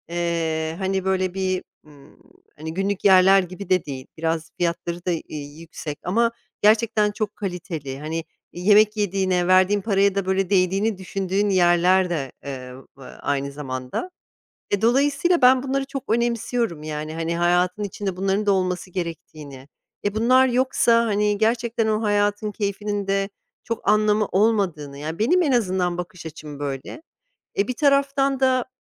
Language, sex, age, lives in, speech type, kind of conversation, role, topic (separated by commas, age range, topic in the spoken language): Turkish, female, 45-49, United States, conversation, advice, user, Tasarruf hedeflerinle yaşamdan zevk alma isteğini nasıl dengeleyebilirsin?